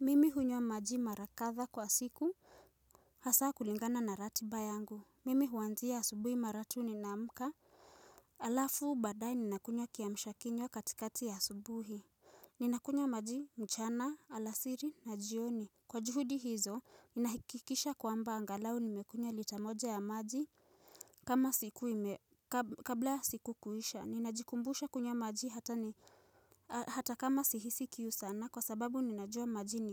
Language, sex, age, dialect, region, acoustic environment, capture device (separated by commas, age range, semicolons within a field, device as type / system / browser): Swahili, female, 18-24, Kenya - Nairobi Sheng-influenced, Kenya, silence, mobile / Linux / Chrome